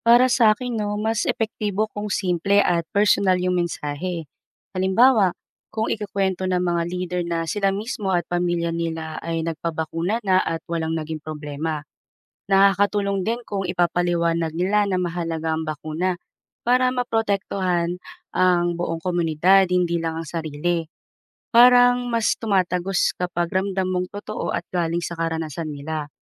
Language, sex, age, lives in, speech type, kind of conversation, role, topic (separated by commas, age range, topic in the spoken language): Filipino, female, 35-39, Philippines, read, expert-assistant, asker, Paano mahihikayat ang mga magulang na magpabakuna sa kanilang mga anak?